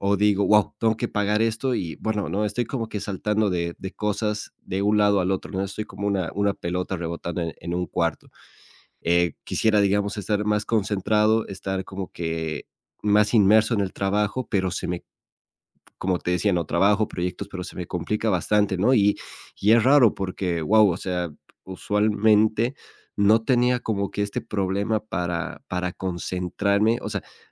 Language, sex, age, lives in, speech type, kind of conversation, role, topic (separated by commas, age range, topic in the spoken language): Spanish, male, 25-29, Spain, conversation, advice, user, ¿Por qué me cuesta entrar en un estado de flujo cuando trabajo?